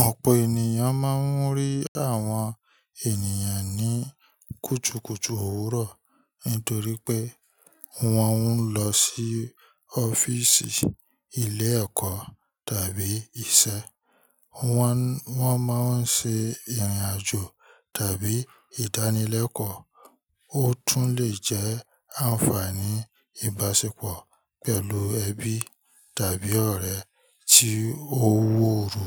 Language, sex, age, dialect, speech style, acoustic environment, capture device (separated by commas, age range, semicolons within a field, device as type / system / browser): Yoruba, male, 25-34, Nigeria - Ibadan, happy; relaxed, people talking; nature, mobile / Linux / Chrome